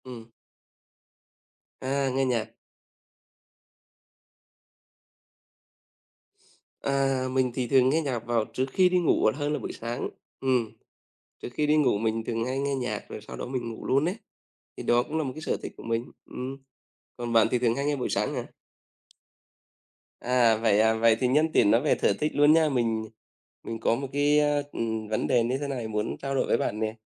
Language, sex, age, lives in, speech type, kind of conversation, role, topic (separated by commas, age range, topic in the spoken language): Vietnamese, male, 35-39, Vietnam, conversation, unstructured, none, Bạn phản ứng thế nào khi có người chê sở thích của bạn?